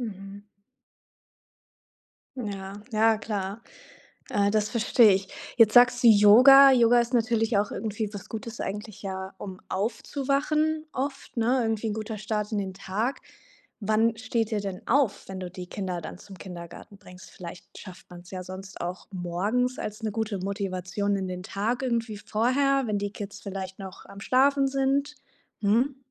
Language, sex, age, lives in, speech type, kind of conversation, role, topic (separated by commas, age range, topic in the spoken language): German, female, 25-29, Germany, conversation, advice, advisor, Wie kann ich trotz meiner Kinder oder fehlender Kinderbetreuung eine Trainingsroutine beibehalten?